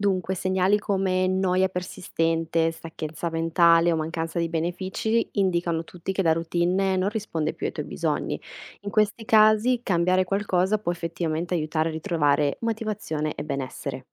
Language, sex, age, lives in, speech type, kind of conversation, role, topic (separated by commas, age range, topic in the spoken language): Italian, female, 25-29, Italy, read, expert-assistant, expert, In che modo piccoli cambiamenti quotidiani possono portare a grandi miglioramenti personali nel tempo?